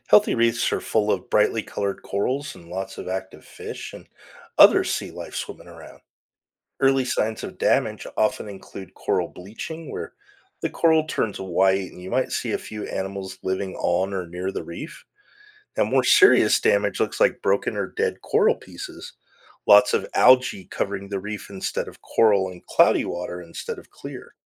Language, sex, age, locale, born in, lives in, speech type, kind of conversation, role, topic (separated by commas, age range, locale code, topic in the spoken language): English, male, 50-54, en-US, United States, United States, read, expert-assistant, expert, How do coral reefs support marine life and protect coastlines?